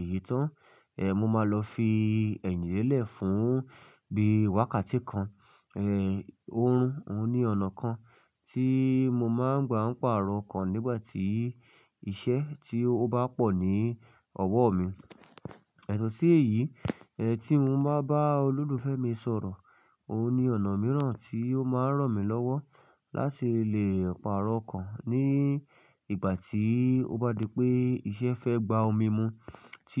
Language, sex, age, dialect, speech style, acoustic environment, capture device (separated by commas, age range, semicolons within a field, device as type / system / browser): Yoruba, male, 25-34, Nigeria - Ibadan, focused; relaxed, silence, mobile / Linux / Chrome